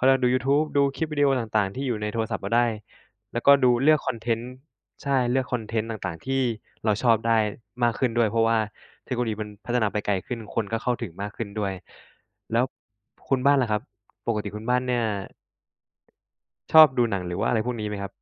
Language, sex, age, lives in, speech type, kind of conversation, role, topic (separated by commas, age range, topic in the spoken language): Thai, male, 25-29, Thailand, conversation, unstructured, none, คุณคิดว่าเทคโนโลยีช่วยให้คุณมีความสุขกับชีวิตมากขึ้นไหม?